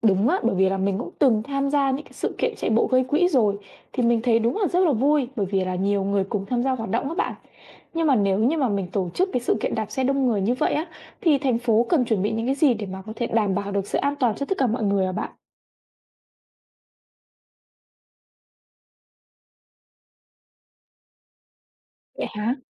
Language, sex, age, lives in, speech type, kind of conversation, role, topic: Vietnamese, female, 20-24, Vietnam, read, expert-assistant, asker, Khuyến khích đi xe đạp thay vì xe máy ở thành phố: Được và mất về mặt sức khỏe cộng đồng?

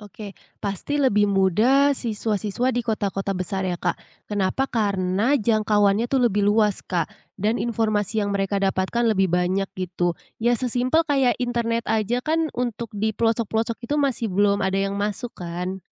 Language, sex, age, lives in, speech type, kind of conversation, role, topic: Indonesian, female, 25-29, Indonesia, conversation, podcast, guest, Bagaimana sekolah dapat mempersiapkan siswa untuk menghadapi dunia kerja?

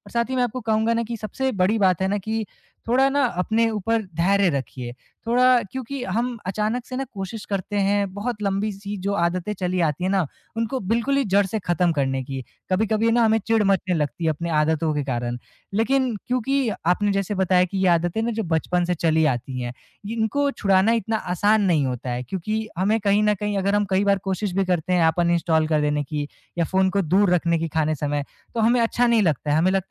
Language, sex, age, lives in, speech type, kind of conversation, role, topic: Hindi, male, 20-24, India, conversation, advice, advisor, मैं भोजन और मीडिया का सजग उपभोग कैसे कर सकता/सकती हूँ?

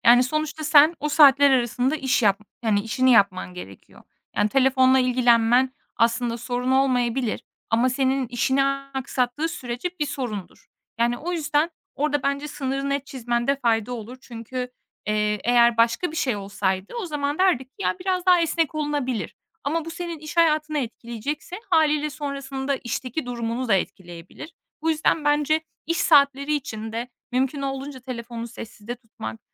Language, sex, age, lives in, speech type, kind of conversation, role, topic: Turkish, female, 25-29, Estonia, conversation, advice, advisor, Telefon ve sosyal medyada dikkatinizin kolayca dağılması durumunu nasıl yaşıyorsunuz?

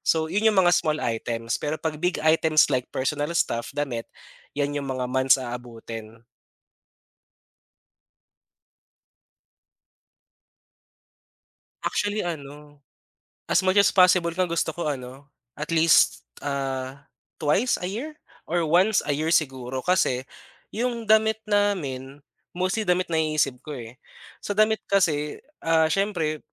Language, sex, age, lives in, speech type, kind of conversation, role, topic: Filipino, male, 25-29, Philippines, conversation, podcast, guest, Ano ang mga puwede kong gawin para hindi agad bumalik ang kalat pagkatapos maglinis?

